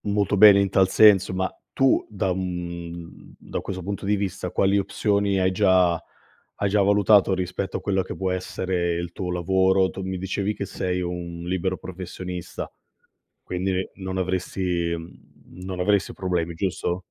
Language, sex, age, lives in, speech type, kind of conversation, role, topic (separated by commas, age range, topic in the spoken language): Italian, male, 35-39, Italy, conversation, advice, advisor, Come affrontare la scelta di trasferirsi per lavoro se questo rischia di dividere la famiglia?